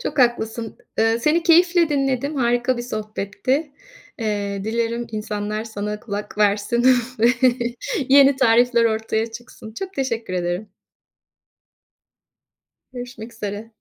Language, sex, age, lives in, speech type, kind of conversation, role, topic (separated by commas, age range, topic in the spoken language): Turkish, female, 50-54, Spain, conversation, podcast, host, Yemek artıklarını değerlendirmek için neler yapıyorsun?